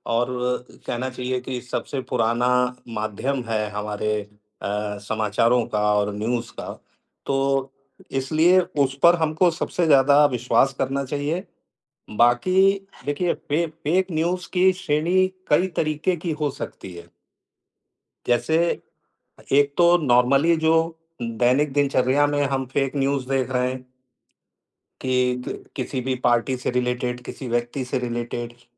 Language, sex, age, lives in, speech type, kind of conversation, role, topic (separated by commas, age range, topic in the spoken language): Hindi, male, 55-59, India, conversation, unstructured, none, क्या आपको लगता है कि आजकल झूठी खबरें ज़्यादा फैल रही हैं?